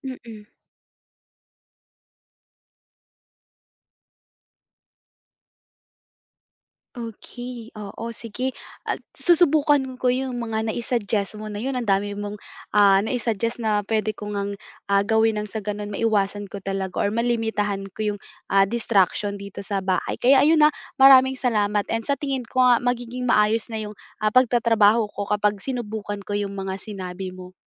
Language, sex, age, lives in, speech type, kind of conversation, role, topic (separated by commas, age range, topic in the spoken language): Filipino, female, 20-24, Philippines, conversation, advice, user, Paano ko malilimitahan ang mga distraksiyon sa bahay habang nagtatrabaho?